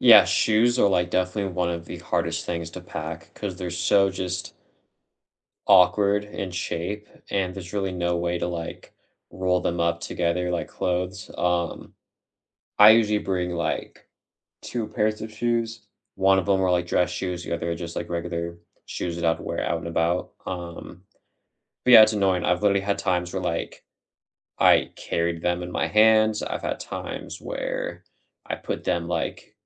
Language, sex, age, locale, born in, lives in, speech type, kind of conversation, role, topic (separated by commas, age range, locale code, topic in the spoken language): English, male, 25-29, en-US, United States, United States, conversation, unstructured, none, What packing hacks help you travel light without missing essentials?